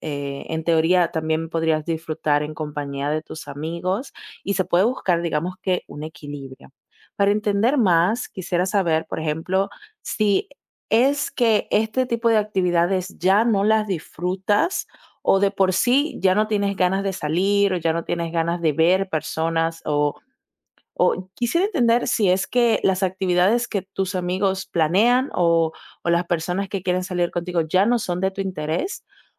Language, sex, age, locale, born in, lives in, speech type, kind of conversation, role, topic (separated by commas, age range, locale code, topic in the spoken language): Spanish, female, 20-24, es-DO, Dominican Republic, Italy, conversation, advice, advisor, ¿Cómo puedo equilibrar socializar con amigos y tener tiempo a solas para recargarme?